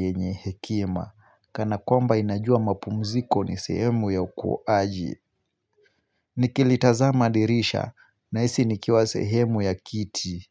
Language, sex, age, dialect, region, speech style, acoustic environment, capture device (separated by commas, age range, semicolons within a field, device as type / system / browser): Swahili, male, 25-34, Kenya - Mombasa, Kenya, focused; relaxed, nature, mobile / Linux / Chrome